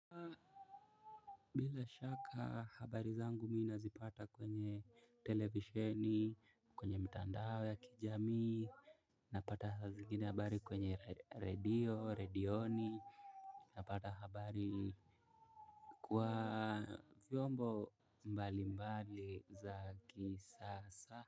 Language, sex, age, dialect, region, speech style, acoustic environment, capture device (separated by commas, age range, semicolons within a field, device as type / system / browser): Swahili, male, 18-24, Kenya - Mombasa, Kenya, relaxed, silence, mobile / Linux / Chrome